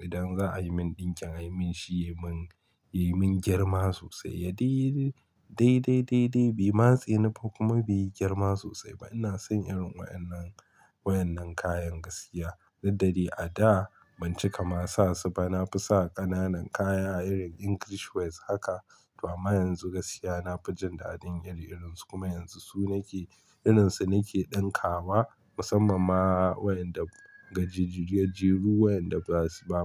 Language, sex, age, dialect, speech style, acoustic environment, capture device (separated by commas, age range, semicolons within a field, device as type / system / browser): Hausa, male, 25-34, Nigeria - Kano, focused; relaxed, silence, mobile / Linux / Chrome